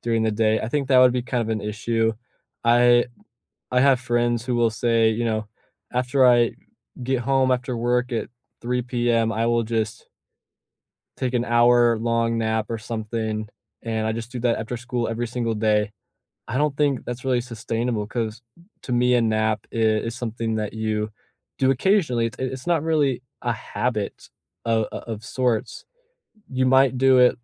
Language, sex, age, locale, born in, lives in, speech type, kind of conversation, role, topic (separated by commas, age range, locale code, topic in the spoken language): English, male, 18-19, en-US, United States, United States, conversation, unstructured, none, What habit could you redesign so your days feel lighter and still move you toward what you want?